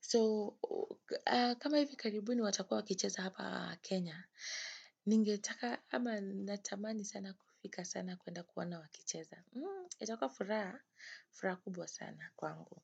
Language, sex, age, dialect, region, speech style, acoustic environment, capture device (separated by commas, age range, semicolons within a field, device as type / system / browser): Swahili, female, 25-34, Kenya - Nairobi Sheng-influenced, Kenya, happy, nature, desktop / Windows / Chrome